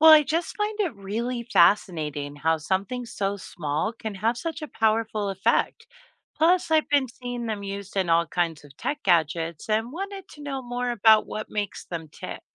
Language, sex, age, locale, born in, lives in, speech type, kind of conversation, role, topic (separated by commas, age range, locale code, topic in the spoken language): English, female, 45-49, en-US, United States, United States, read, expert-assistant, asker, How do magnets attract certain materials?